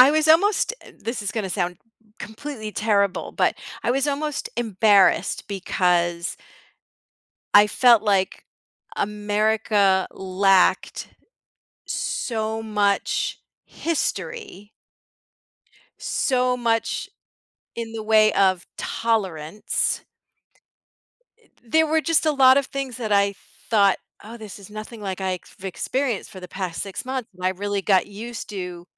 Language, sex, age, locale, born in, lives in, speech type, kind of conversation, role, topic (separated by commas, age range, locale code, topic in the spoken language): English, female, 55-59, en-US, United States, United States, conversation, podcast, guest, How can travel shape your perspective or personal growth?